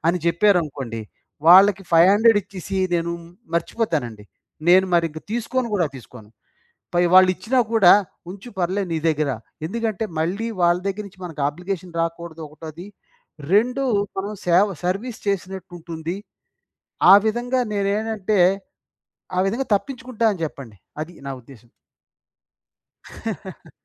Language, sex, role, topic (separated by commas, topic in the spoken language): Telugu, male, guest, మీ విలువలు ఏమిటో మీరు ఎలా నిర్ణయిస్తారు?